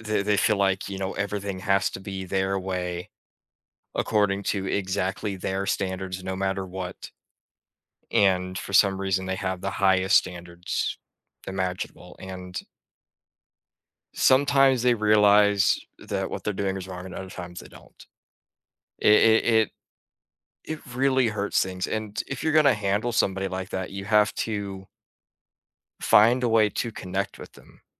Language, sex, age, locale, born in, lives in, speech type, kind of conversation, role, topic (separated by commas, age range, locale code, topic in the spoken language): English, male, 20-24, en-US, United States, United States, conversation, unstructured, none, Why do some people refuse to compromise in a disagreement?